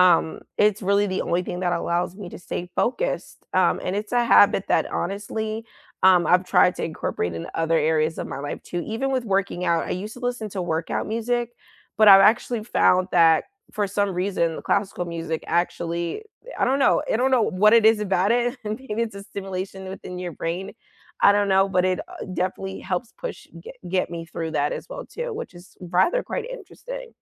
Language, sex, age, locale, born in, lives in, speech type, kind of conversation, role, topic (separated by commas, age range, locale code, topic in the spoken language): English, female, 25-29, en-US, United States, United States, conversation, unstructured, none, What small daily habits make your life feel better, and how can we encourage each other to stick with them?